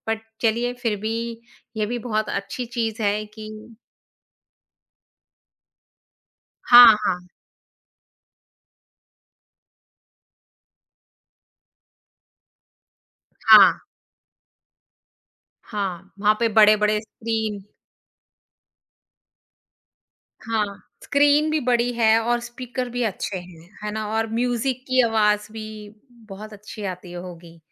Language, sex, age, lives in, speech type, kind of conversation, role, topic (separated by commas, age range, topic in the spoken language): Hindi, female, 45-49, India, conversation, podcast, host, Kya tumhe apna pehla cinema hall ka experience yaad hai, aur woh kaisa tha?